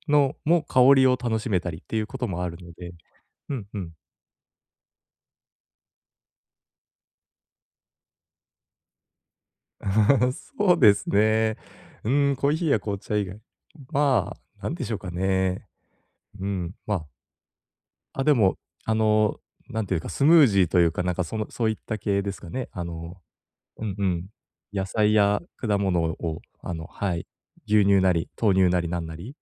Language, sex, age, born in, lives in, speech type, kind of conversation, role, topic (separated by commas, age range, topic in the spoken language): Japanese, male, 30-34, Japan, Japan, conversation, advice, advisor, 短時間でできるストレス解消法を教えてくださいませんか？